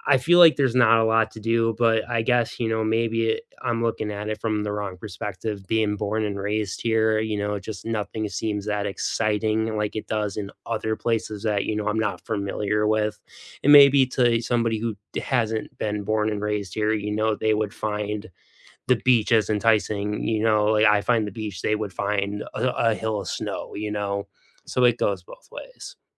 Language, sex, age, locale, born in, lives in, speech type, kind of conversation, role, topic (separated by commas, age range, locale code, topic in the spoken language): English, male, 35-39, en-US, United States, United States, conversation, unstructured, none, When friends visit your town, what’s the first place you love to show them, and why?